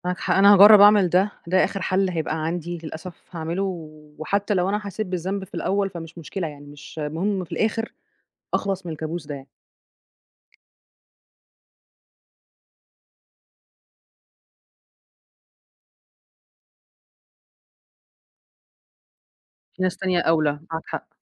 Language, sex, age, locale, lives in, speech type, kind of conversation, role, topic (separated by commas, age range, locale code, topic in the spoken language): Arabic, female, 30-34, ar-EG, Egypt, conversation, advice, user, إزاي أتعامل مع إحساسي إني بامثل دور مش حقيقي في حياتي الاجتماعية؟